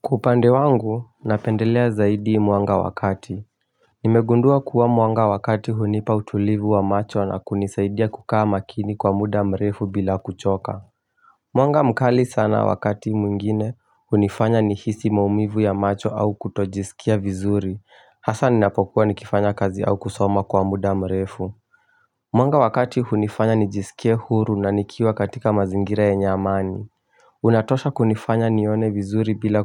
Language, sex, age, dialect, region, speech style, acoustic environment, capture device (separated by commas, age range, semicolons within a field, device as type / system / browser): Swahili, male, 18-24, Kenya - Mombasa, Kenya, focused, silence, mobile / Linux / Chrome